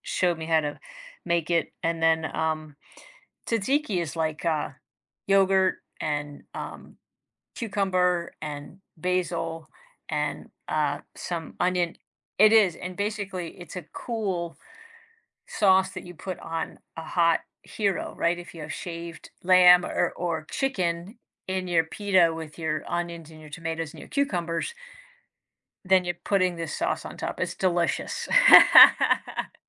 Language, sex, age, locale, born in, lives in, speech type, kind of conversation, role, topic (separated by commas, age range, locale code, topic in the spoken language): English, female, 55-59, en-US, United States, United States, conversation, podcast, host, Why are cultural traditions important to your identity and daily life?